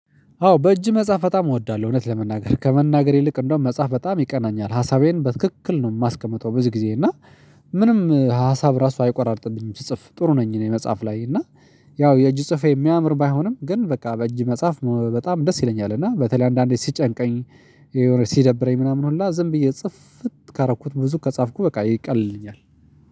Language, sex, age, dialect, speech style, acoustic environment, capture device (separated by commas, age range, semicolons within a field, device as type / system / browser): Amharic, male, 35-44, Ethiopia - Addis Ababa, excited, silence, mobile / Linux / Chrome